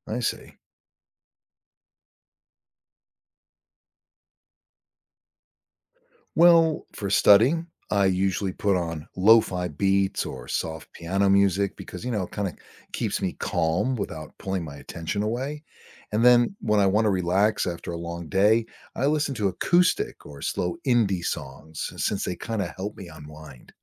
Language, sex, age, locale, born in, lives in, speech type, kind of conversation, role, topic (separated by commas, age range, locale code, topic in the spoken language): English, male, 60-64, en-US, United States, United States, read, expert-assistant, asker, How does listening to music affect your concentration and emotions?